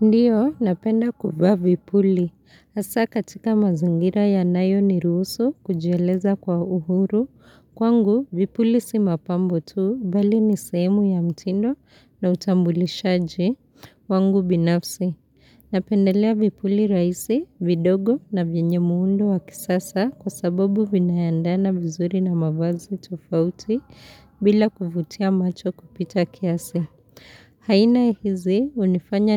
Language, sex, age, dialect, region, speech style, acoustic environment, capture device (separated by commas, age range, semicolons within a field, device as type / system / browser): Swahili, female, 25-34, Kenya - Nairobi Sheng-influenced, Kenya, relaxed; focused; happy, nature; silence; appliances; people talking, mobile / Linux / Chrome